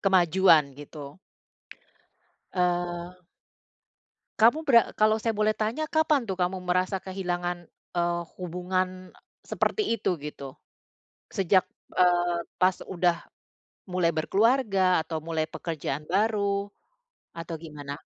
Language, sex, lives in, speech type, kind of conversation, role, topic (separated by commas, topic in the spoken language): Indonesian, female, Netherlands, conversation, advice, advisor, Bagaimana cara menghadapi kehilangan sahabat atau jaringan sosial dan membangun koneksi baru?